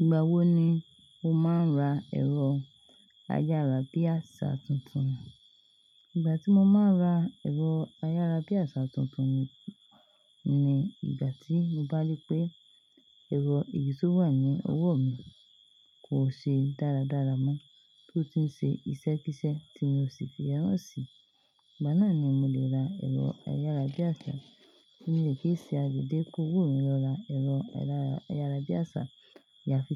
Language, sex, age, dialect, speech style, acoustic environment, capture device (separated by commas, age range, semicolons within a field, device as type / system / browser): Yoruba, female, 25-34, Nigeria - Lagos Yoruba, tired, silence, desktop / Linux / Chrome